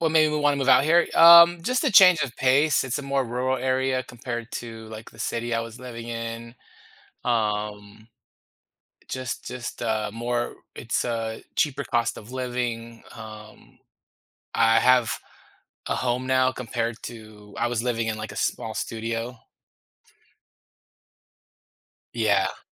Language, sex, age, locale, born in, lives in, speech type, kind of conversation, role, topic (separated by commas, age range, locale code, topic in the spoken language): English, male, 40-44, en-US, United States, United States, conversation, podcast, guest, What guides you when you have to make important choices in life?